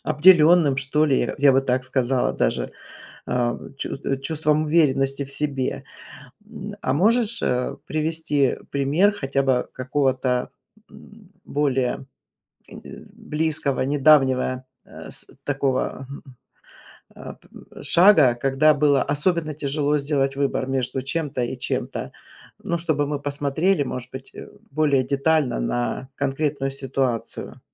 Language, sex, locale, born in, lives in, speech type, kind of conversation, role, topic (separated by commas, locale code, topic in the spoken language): Russian, female, ru-RU, Russia, United States, conversation, advice, advisor, Почему я постоянно беспокоюсь, когда выбираю между вариантами, и боюсь упустить что-то важное?